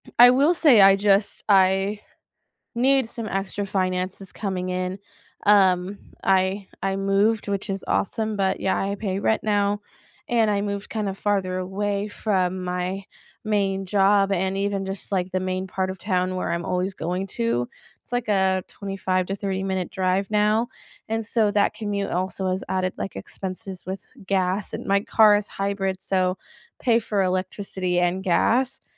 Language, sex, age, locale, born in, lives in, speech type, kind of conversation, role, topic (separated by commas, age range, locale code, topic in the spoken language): English, female, 20-24, en-US, United States, United States, conversation, unstructured, none, How has gig work shaped your path toward a steadier, fulfilling career?